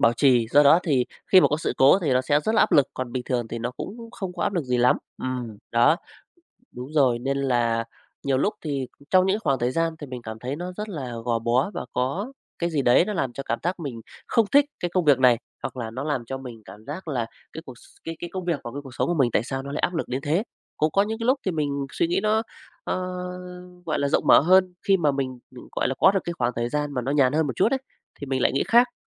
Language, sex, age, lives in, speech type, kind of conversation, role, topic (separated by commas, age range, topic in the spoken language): Vietnamese, male, 35-39, Vietnam, conversation, podcast, guest, Bạn cân bằng giữa công việc và gia đình như thế nào?